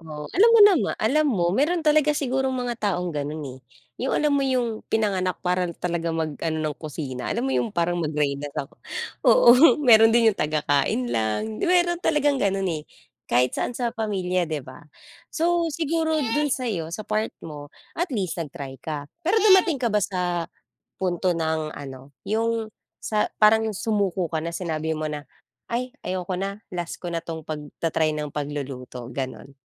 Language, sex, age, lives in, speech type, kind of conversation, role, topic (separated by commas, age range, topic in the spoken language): Filipino, female, 35-39, Philippines, conversation, podcast, host, Ano ang pinaka-hindi mo malilimutang pagkakamali mo sa kusina, at ano ang natutuhan mo mula rito?